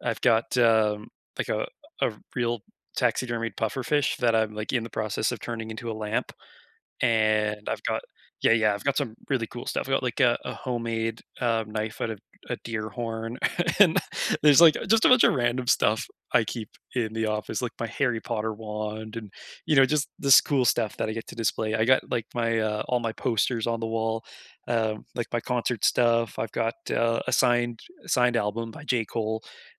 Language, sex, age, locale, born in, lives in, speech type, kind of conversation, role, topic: English, male, 25-29, en-CA, Canada, Canada, conversation, unstructured, none, How do you refresh a tired room on a budget?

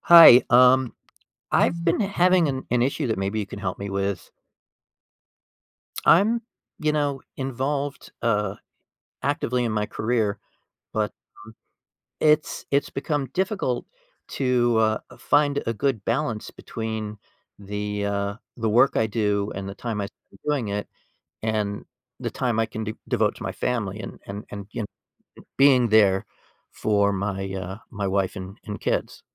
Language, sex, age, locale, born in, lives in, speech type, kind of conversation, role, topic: English, male, 55-59, en-US, United States, United States, conversation, advice, user, How can I better balance my work hours with family time?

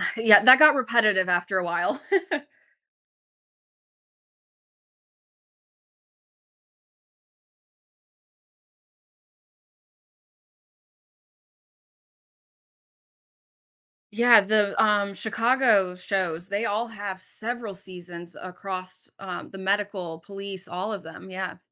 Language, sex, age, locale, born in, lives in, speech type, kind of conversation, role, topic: English, female, 30-34, en-US, United States, United States, conversation, podcast, guest, Why do some TV shows keep us watching episode after episode?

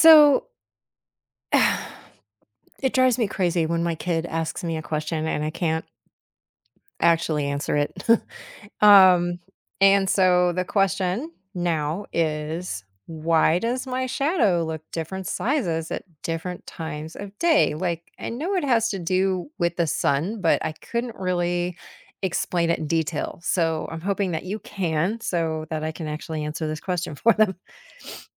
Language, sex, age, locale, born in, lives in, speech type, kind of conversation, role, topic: English, female, 50-54, en-US, United States, United States, read, expert-assistant, asker, Why do our shadows change size during the day?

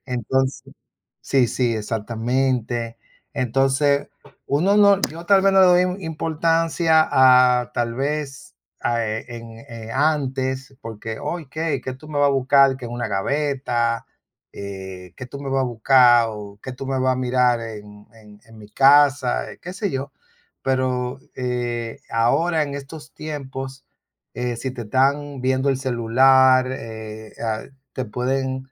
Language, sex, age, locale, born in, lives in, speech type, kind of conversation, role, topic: Spanish, male, 55-59, es-DO, Dominican Republic, United States, conversation, unstructured, none, ¿Cómo te sientes cuando alguien invade tu privacidad?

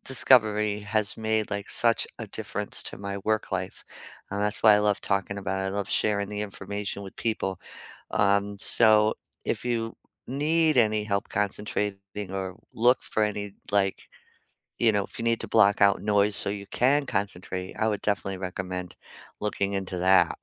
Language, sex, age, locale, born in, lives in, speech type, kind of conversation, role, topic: English, female, 55-59, en-US, United States, United States, conversation, unstructured, none, How does music affect your mood and motivation?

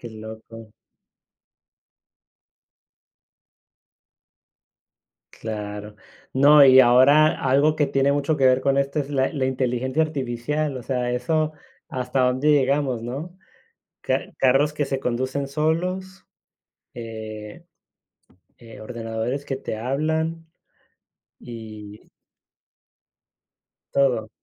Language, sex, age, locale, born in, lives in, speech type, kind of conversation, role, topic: Spanish, male, 35-39, es-US, United States, Spain, conversation, unstructured, none, ¿Qué invento científico te parece el más útil?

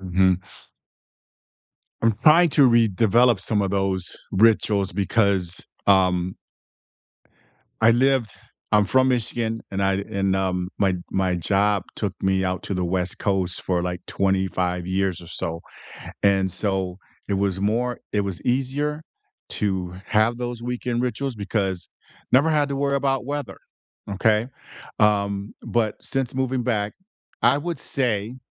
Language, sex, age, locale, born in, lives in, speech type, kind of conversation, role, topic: English, male, 60-64, en-US, United States, United States, conversation, unstructured, none, What weekend rituals help you recharge?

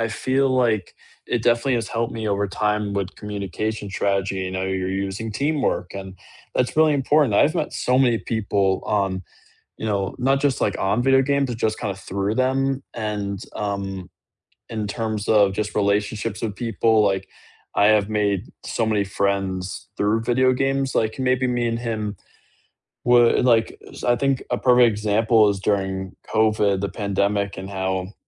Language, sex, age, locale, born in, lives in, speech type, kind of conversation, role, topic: English, male, 18-19, en-US, United States, United States, conversation, unstructured, none, How do you unwind after a busy day?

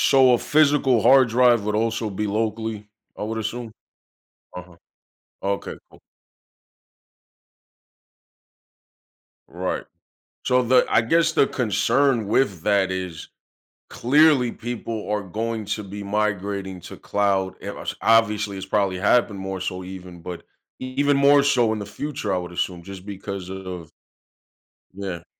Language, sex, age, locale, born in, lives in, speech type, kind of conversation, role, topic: English, male, 30-34, en-US, United States, United States, conversation, podcast, host, In what ways has modern technology changed our expectations of privacy?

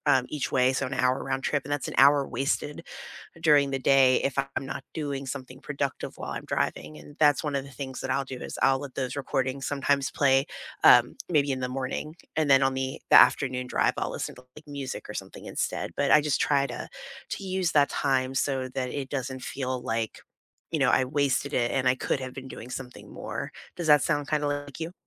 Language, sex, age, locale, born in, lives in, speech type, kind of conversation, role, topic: English, female, 35-39, en-US, United States, United States, conversation, unstructured, none, When life gets hectic, what study habits do you rely on, and why do they work?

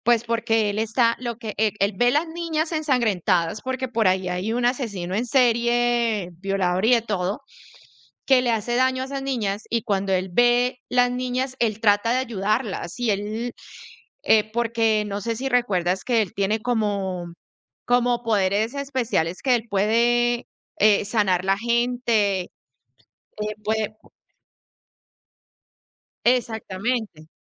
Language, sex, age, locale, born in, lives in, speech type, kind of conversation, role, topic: Spanish, female, 40-44, es-CO, Colombia, United States, conversation, unstructured, none, ¿Cuál es tu película favorita y por qué te gusta tanto?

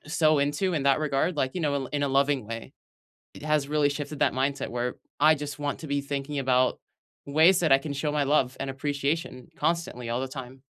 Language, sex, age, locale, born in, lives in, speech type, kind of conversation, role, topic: English, male, 20-24, en-US, United States, United States, conversation, unstructured, none, How do you show and receive appreciation?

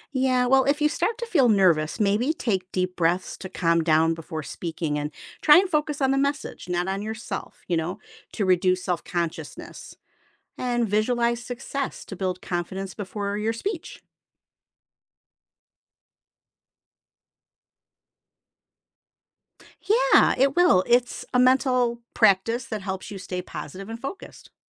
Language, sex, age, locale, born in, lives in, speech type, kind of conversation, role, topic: English, female, 55-59, en-US, United States, United States, read, expert-assistant, expert, How can I improve my public speaking skills?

